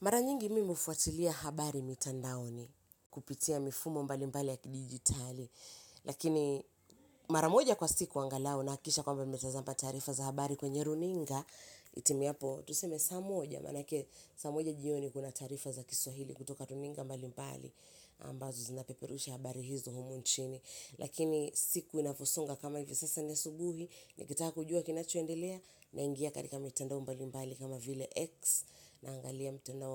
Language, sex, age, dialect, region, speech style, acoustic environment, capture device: Swahili, female, 25-34, Kenya - Mombasa, Kenya, happy; excited; relaxed, nature; silence, mobile / Linux / Chrome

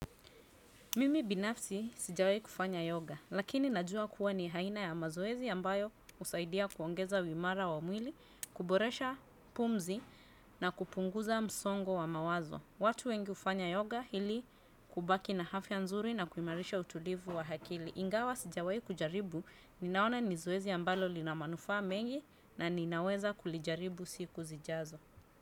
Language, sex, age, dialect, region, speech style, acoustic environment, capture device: Swahili, female, 35-44, Kenya - Nairobi Sheng-influenced, Kenya, happy, silence, desktop / Windows / Chrome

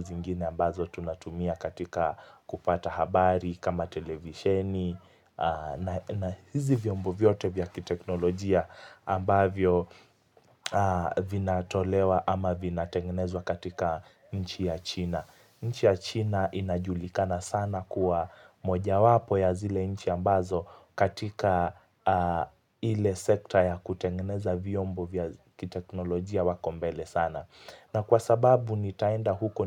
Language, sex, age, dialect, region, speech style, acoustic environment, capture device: Swahili, male, 18-24, Kenya - Mombasa, Kenya, focused, silence; nature, mobile / Linux / Chrome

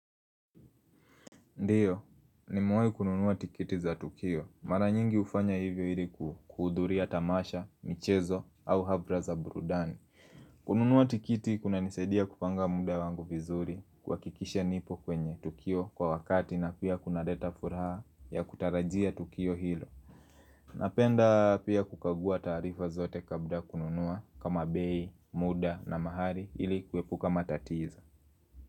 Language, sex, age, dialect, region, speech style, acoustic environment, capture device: Swahili, male, 18-24, Kenya - Nairobi Sheng-influenced, Kenya, happy; nervous; excited; focused, people talking; appliances; music; nature, mobile / Linux / Chrome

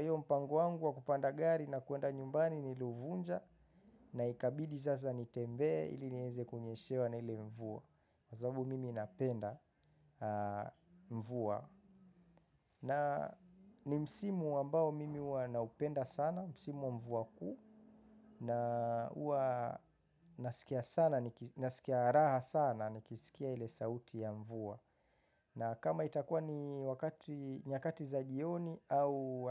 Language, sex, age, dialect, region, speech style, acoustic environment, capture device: Swahili, male, 25-34, Kenya - Mombasa, Kenya, focused, nature, mobile / Linux / Chrome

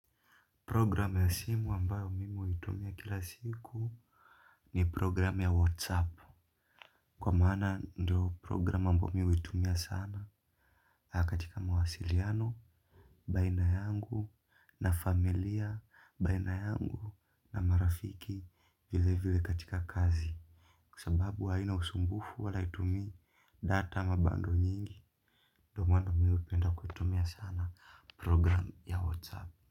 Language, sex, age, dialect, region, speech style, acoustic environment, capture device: Swahili, male, 25-34, Kenya - Mombasa, Kenya, relaxed, silence, mobile / Linux / Chrome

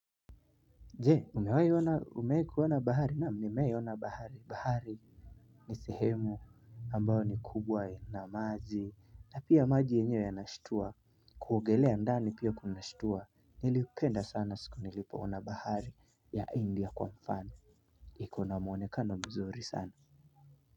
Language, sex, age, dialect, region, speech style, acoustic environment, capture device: Swahili, male, 18-24, Kenya - Nairobi Sheng-influenced, Kenya, focused, music, mobile / Linux / Chrome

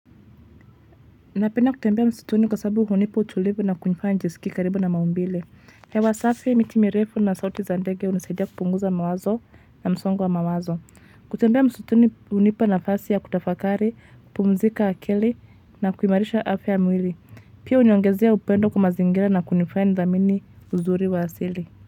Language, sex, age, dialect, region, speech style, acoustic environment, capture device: Swahili, female, 25-34, Kenya - Nairobi Sheng-influenced, Kenya, relaxed, traffic, mobile / Linux / Chrome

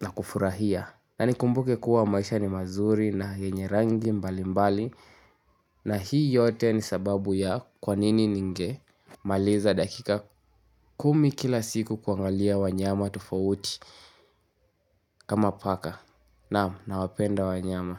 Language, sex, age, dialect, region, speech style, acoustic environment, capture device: Swahili, male, 18-24, Kenya - Nairobi Sheng-influenced, Kenya, happy, nature, mobile / Linux / Chrome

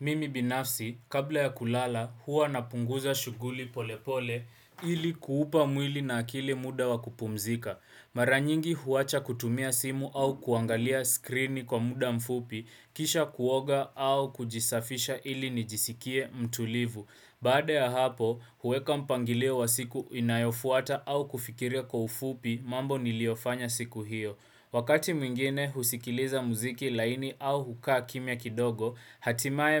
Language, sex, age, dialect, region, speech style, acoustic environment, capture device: Swahili, male, 18-24, Kenya - Nairobi Sheng-influenced, Kenya, relaxed, silence, desktop / Windows / Chrome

